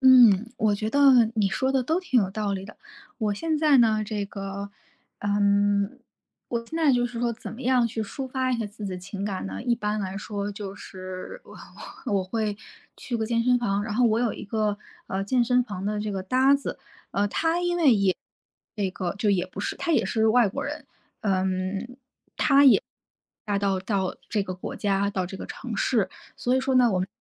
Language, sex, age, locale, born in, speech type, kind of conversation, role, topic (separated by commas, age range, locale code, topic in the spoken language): Chinese, female, 30-34, zh-CN, China, conversation, advice, user, 我因为想念家乡的食物和节日习惯而情绪低落，该怎么办？